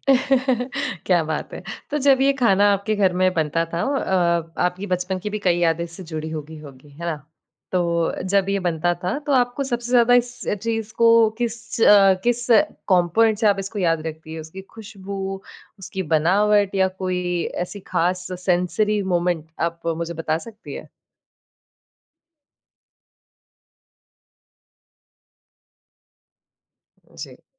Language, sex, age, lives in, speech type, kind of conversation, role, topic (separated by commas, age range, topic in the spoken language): Hindi, female, 20-24, India, conversation, podcast, host, कौन-सी खाने की डिश तुम्हें अपनी जड़ों की याद दिलाती है?